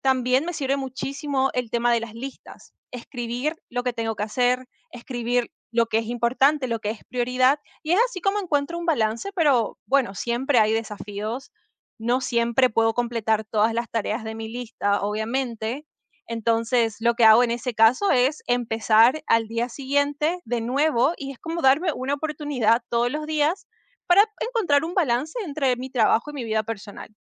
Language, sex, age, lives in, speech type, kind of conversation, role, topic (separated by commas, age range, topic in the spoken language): Spanish, female, 25-29, United States, conversation, podcast, guest, ¿Cómo priorizas el trabajo y tu vida personal?